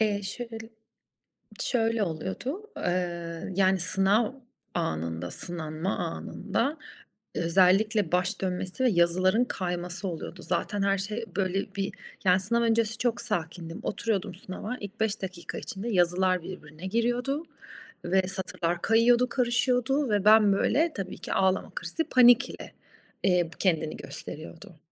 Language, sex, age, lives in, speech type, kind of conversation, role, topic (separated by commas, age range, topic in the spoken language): Turkish, female, 35-39, Italy, conversation, advice, user, Sınav ya da değerlendirme kaygını anlatır mısın?